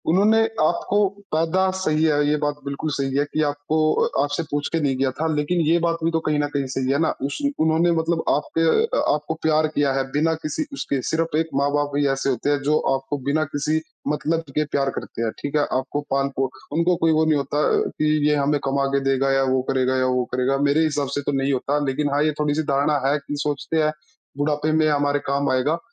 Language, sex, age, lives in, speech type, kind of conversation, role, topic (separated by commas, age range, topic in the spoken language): Hindi, male, 20-24, India, conversation, unstructured, none, परिवार में सबसे बड़ा झगड़ा आमतौर पर किस बात पर होता है?